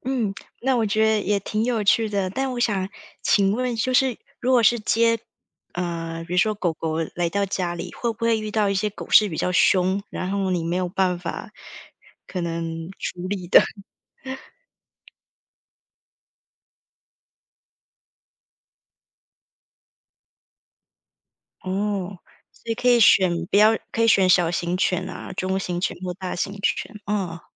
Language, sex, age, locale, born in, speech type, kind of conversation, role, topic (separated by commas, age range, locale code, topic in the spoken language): Chinese, female, 35-39, zh-TW, Taiwan, conversation, podcast, host, 如何在不辞职的情况下尝试进入新的领域？